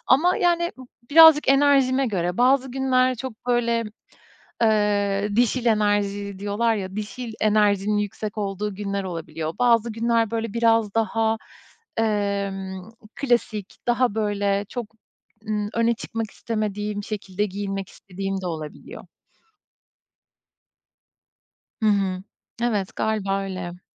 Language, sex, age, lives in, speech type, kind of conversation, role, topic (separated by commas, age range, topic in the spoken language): Turkish, female, 35-39, Estonia, conversation, podcast, guest, Özgüvenini artıran bir kıyafetin ya da ritüelin var mı?